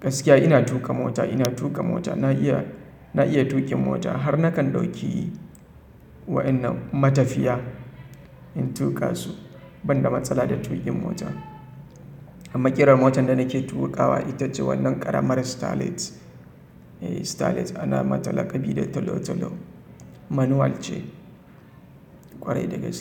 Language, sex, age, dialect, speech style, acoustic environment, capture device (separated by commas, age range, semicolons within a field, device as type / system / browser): Hausa, male, 25-34, Nigeria - Kano, relaxed; happy; focused, silence, mobile / Linux / Chrome